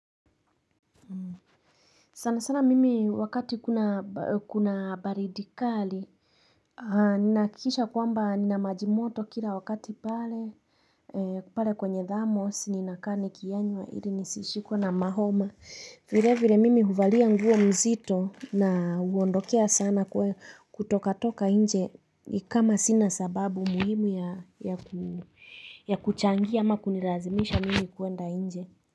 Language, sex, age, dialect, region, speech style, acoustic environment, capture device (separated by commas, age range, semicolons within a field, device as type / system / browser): Swahili, female, 25-34, Kenya - Nairobi Sheng-influenced, Kenya, relaxed, nature, mobile / Linux / Chrome